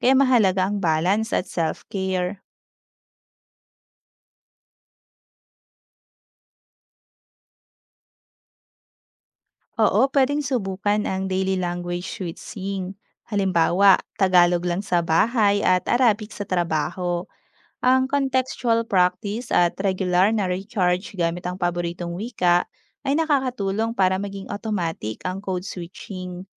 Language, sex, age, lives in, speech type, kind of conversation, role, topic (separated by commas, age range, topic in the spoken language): Filipino, female, 30-34, Philippines, read, expert-assistant, expert, Kapag natututo tayo ng ibang wika, nagbabago ba ang paraan ng ating pag-iisip?